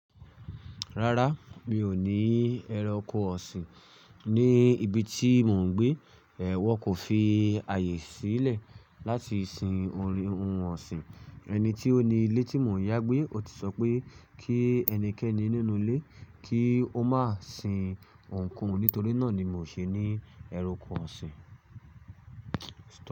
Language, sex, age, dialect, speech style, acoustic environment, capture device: Yoruba, male, 25-34, Nigeria - Ibadan, stressed, silence, mobile / Linux / Chrome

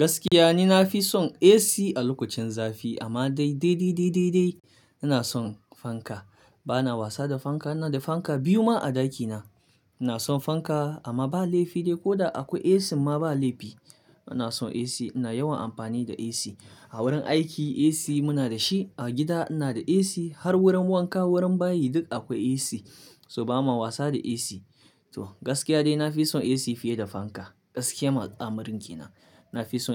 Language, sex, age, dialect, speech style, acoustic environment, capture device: Hausa, male, 25-34, Nigeria - Kano, relaxed, silence, mobile / Linux / Chrome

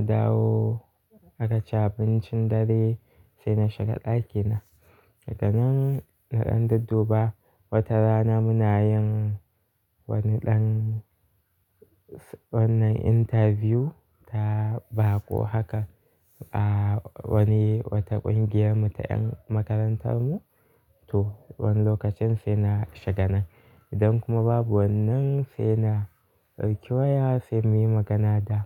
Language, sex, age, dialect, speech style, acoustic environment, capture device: Hausa, male, 18-24, Nigeria - Kano, happy; relaxed; focused, nature; silence, mobile / Linux / Chrome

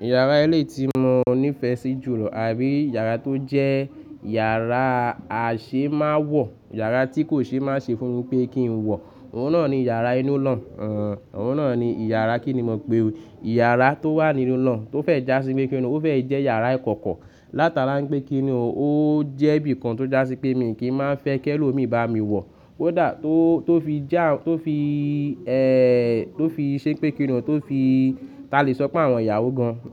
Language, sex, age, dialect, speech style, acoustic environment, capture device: Yoruba, male, 25-34, Nigeria - Lagos Yoruba, happy, nature, mobile / Linux / Chrome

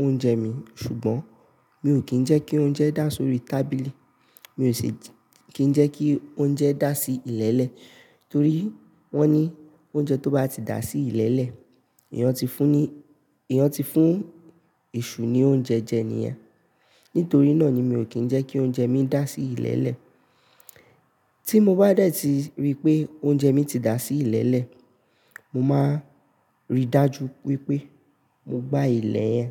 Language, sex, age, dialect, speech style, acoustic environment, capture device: Yoruba, male, 18-24, Nigeria - Nigerian Standard, focused; stressed, appliances; nature, mobile / Linux / Chrome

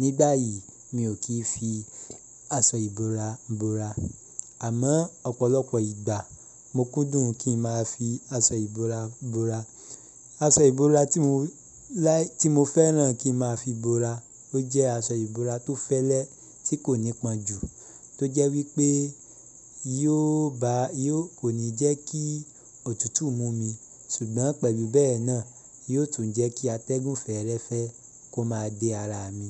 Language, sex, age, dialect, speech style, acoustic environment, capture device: Yoruba, male, 25-34, Nigeria - Ibadan, happy; relaxed; excited; focused, silence, mobile / Linux / Chrome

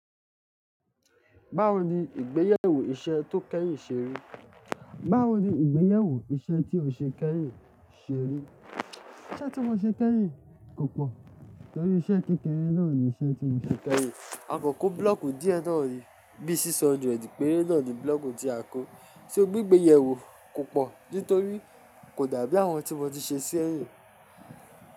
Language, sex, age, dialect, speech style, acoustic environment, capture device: Yoruba, male, 25-34, Nigeria - Ijebu, relaxed, music, mobile / Linux / Chrome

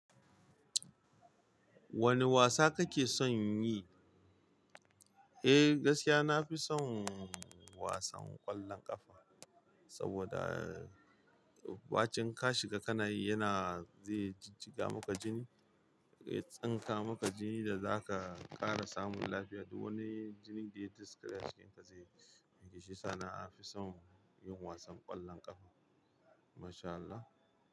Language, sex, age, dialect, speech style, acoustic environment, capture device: Hausa, male, 25-34, Nigeria - Kano, happy, people talking; music; traffic, mobile / Linux / Chrome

